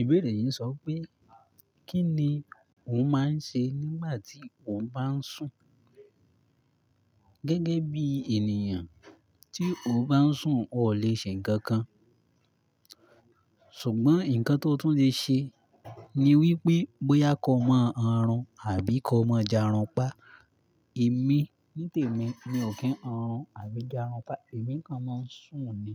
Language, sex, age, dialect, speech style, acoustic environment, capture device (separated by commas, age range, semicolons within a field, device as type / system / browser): Yoruba, male, 18-24, Nigeria - Ibadan, relaxed, nature, mobile / Linux / Chrome